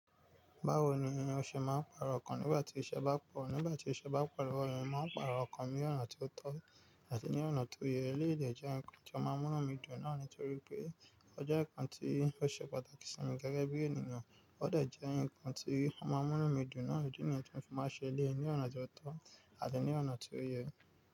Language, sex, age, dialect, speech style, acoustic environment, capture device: Yoruba, male, 25-34, Nigeria - Nigerian Standard, focused, nature, mobile / Linux / Chrome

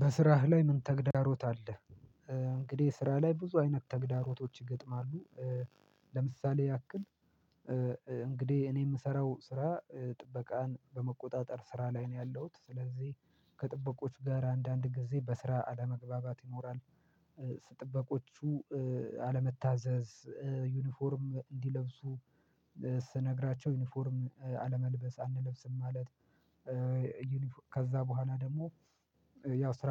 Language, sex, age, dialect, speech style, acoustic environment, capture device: Amharic, male, 25-34, Ethiopia - Addis Ababa, happy; relaxed; excited; focused, silence, mobile / Linux / Chrome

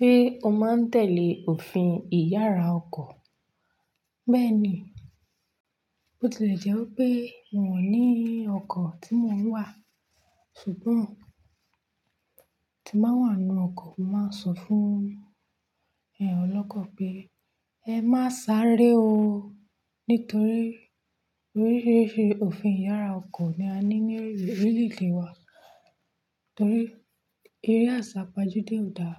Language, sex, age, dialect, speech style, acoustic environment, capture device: Yoruba, female, 25-34, Nigeria - Nigerian Standard, focused, nature, mobile / Linux / Chrome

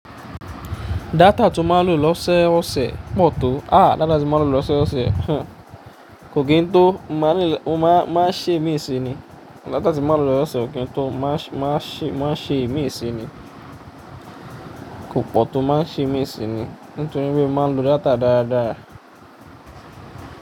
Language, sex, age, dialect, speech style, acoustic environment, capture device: Yoruba, male, 25-34, Nigeria - Ijebu, happy; focused, nature; appliances, mobile / Linux / Chrome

